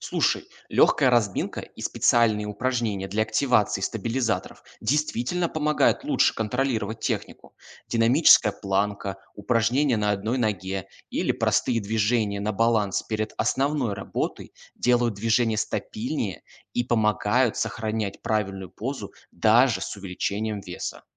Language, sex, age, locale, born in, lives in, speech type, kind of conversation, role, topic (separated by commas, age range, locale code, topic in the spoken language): Russian, male, 20-24, ru-RU, Russia, Germany, read, expert-assistant, expert, Какие способы помогают лучше и быстрее освоить технику упражнений в силовом тренинге?